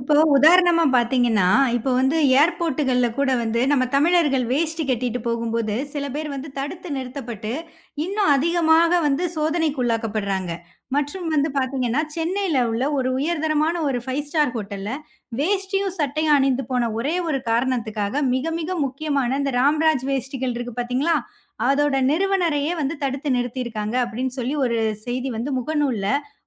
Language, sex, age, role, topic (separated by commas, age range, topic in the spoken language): Tamil, female, 30-34, host, ஒரு முக்கியமான சந்திப்புக்கு நீங்கள் எப்படி ஆடைத் தேர்வு செய்வீர்கள்?